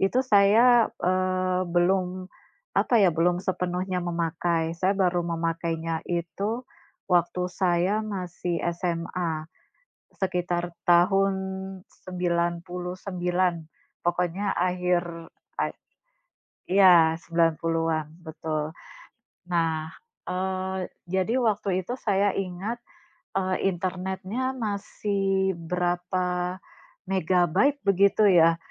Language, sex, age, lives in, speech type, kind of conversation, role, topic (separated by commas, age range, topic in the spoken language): Indonesian, female, 40-44, Indonesia, conversation, unstructured, none, Apa pengalaman paling menyenangkan Anda saat menggunakan teknologi baru?